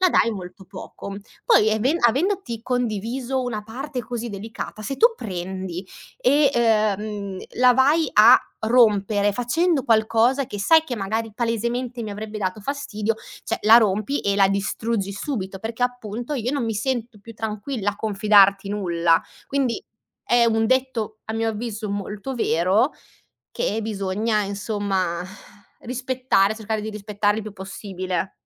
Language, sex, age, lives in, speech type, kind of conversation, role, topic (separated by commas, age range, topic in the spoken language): Italian, female, 25-29, Italy, conversation, podcast, guest, Che ruolo hanno le scuse sincere nella fiducia?